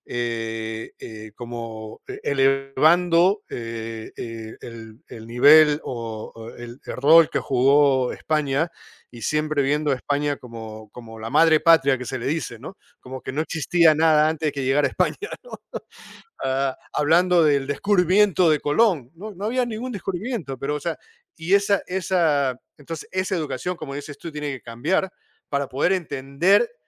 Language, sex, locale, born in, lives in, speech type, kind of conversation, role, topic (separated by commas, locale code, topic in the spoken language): Spanish, male, es-PE, United States, United States, conversation, unstructured, none, ¿Crees que el colonialismo histórico todavía afecta a las sociedades actuales?